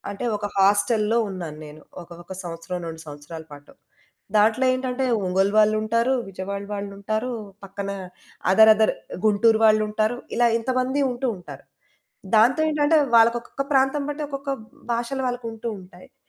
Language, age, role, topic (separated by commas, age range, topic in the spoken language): Telugu, 35-39, guest, భాషలు నేర్చుకోవడం మీకు ఎలా అనిపిస్తుంది, దానికి మీ దగ్గర పనికొచ్చే చిట్కాలు ఏవైనా ఉన్నాయా?